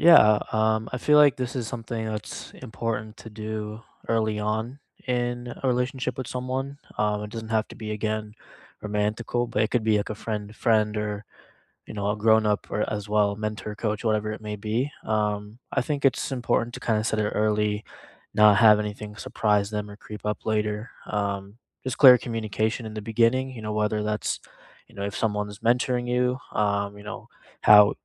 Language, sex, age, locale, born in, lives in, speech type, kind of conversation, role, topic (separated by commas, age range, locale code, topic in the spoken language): English, male, 25-29, en-US, India, United States, conversation, unstructured, none, How do you set boundaries kindly and clearly?